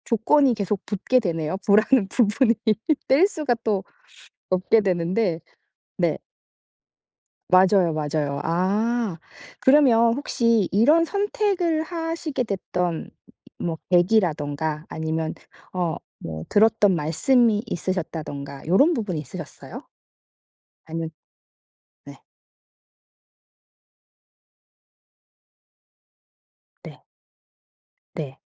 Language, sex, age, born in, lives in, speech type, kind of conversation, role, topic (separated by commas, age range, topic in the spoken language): Korean, female, 40-44, South Korea, United States, conversation, podcast, host, 부와 행복 중 하나만 선택해야 한다면 무엇을 고르시겠어요?